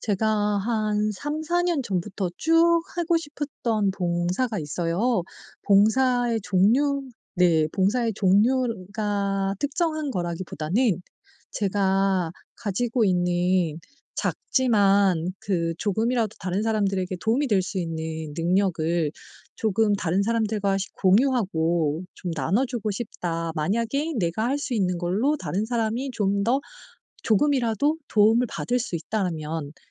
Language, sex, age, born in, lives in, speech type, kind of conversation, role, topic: Korean, female, 40-44, South Korea, South Korea, conversation, advice, user, 바쁜 생활 속에서도 의미 있는 봉사나 참여를 어떻게 꾸준히 이어갈 수 있을까요?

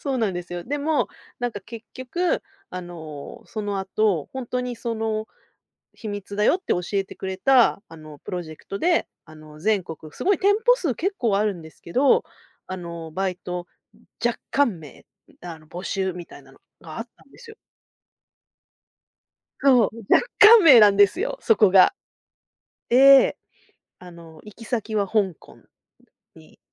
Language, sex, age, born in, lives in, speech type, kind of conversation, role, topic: Japanese, female, 40-44, Japan, Japan, conversation, podcast, guest, 偶然のチャンスをつかんだときのエピソードを教えていただけますか？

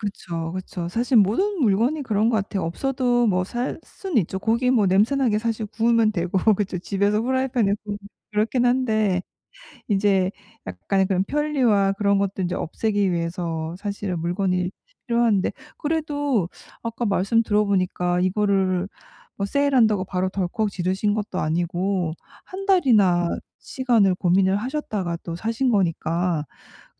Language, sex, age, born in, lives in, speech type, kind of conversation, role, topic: Korean, female, 45-49, South Korea, United States, conversation, advice, advisor, 일상 소비를 줄이고 물건 구매를 효과적으로 관리하려면 어떻게 시작하면 좋을까요?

